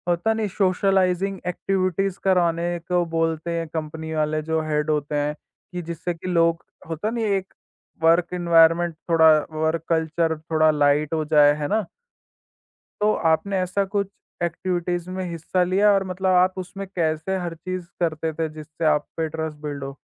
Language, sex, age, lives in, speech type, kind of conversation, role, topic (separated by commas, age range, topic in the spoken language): Hindi, male, 18-19, India, conversation, podcast, host, Aap aisi kaunsi ek chhoti si tip bata sakte hain jisse koi bhi turant bharosa badha sake?